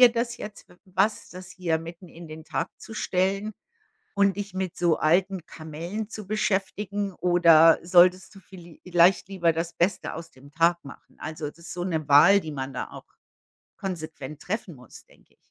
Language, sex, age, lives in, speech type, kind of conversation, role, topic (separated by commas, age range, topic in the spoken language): German, female, 55-59, United States, conversation, unstructured, none, Wie kannst du andere dabei unterstützen, die Vergangenheit loszulassen?